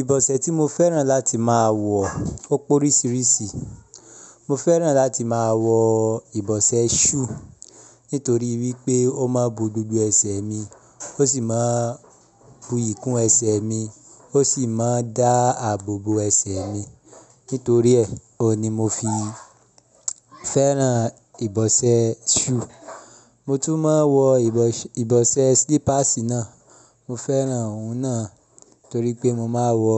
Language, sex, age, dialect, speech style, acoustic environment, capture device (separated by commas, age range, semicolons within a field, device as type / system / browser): Yoruba, male, 25-34, Nigeria - Ibadan, happy; relaxed; excited; focused, people talking, mobile / Linux / Chrome